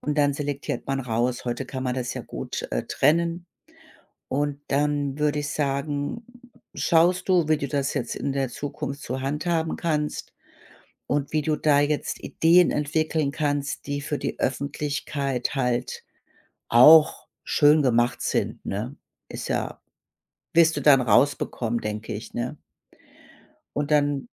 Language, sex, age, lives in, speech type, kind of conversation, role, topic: German, female, 55-59, Germany, conversation, advice, advisor, Wie finde ich die richtige Grenze zwischen meinem privaten Leben und meinen öffentlichen Beiträgen im Internet?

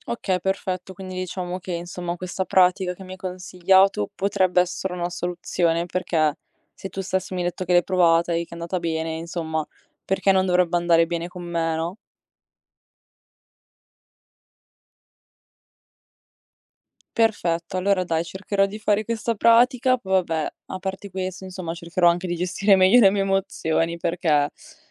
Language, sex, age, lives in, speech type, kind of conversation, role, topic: Italian, female, 20-24, Italy, conversation, advice, user, Come posso gestire l’insonnia legata all’ansia e ai pensieri ricorrenti prima di addormentarmi?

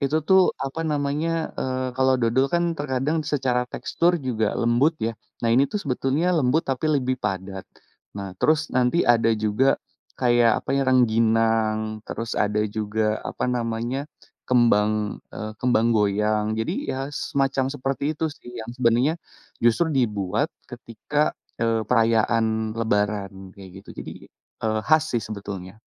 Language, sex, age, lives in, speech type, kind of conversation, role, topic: Indonesian, male, 30-34, Indonesia, conversation, podcast, guest, Bagaimana tradisi makan saat perayaan besar di kampung halamanmu?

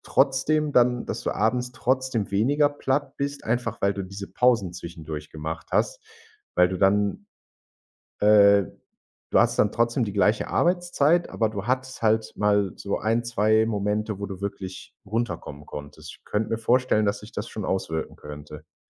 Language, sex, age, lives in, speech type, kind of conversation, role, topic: German, male, 25-29, Germany, conversation, advice, advisor, Wie kann ich verhindern, dass ich mich durch zu wenige Pausen überarbeite?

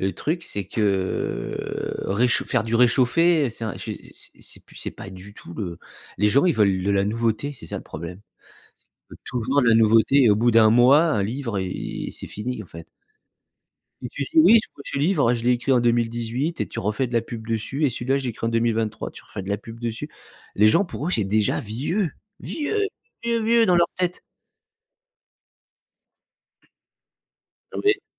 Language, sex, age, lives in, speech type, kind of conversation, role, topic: French, male, 45-49, France, conversation, advice, user, Comment la procrastination freine-t-elle vos objectifs personnels et votre bien-être ?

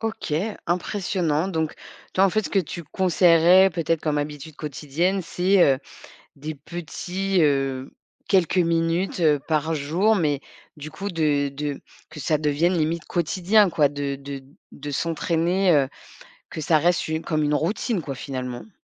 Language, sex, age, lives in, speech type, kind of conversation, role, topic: French, female, 40-44, France, conversation, podcast, host, Comment apprendre une langue sans trop galérer ?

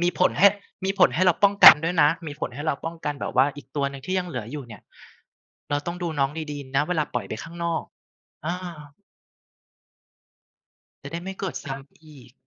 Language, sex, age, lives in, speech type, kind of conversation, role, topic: Thai, male, 35-39, Thailand, conversation, unstructured, none, ความทรงจำอะไรที่ทำให้คุณยิ้มได้ทันที?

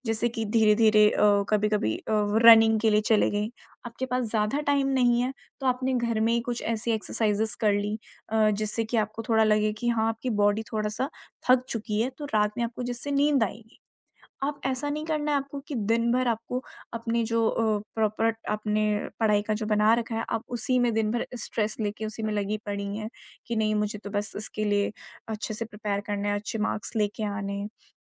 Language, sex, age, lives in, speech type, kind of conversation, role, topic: Hindi, female, 20-24, India, conversation, advice, advisor, नींद की गोलियों पर आपकी निर्भरता क्यों बढ़ रही है और इसे लेकर आपको क्या चिंता है?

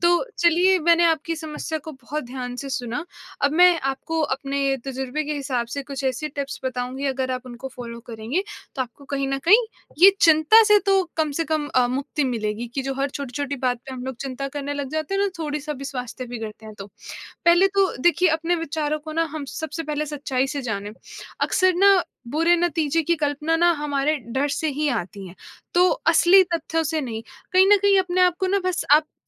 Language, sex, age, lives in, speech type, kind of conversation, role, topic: Hindi, female, 25-29, India, conversation, advice, advisor, आपको अपने स्वास्थ्य को लेकर बार-बार चिंता क्यों होती है और क्या आप अक्सर बुरे नतीजों के बारे में सोचते रहते हैं?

